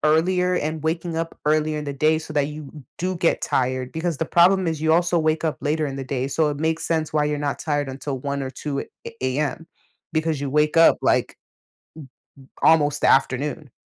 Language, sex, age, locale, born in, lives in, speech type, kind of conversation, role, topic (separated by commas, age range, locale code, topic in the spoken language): English, female, 20-24, en-US, United States, United States, conversation, advice, advisor, How can i set boundaries so my work doesn't crowd out my personal life?